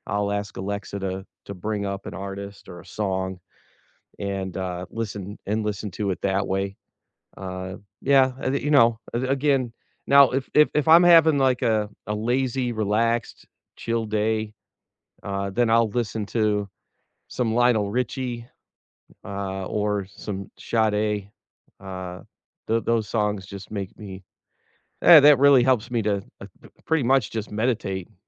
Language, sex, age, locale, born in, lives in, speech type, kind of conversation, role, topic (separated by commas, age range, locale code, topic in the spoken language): English, male, 50-54, en-US, United States, United States, conversation, unstructured, none, When days feel heavy, what songs or artists help you breathe easier, and why?